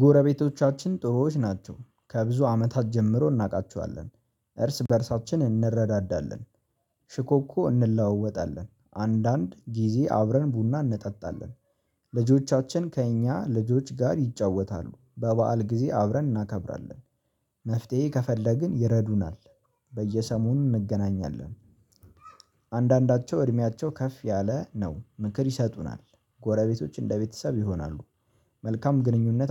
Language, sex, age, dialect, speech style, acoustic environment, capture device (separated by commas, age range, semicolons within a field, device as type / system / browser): Amharic, male, 18-24, Ethiopia - Addis Ababa, relaxed, silence, mobile / Linux / Chrome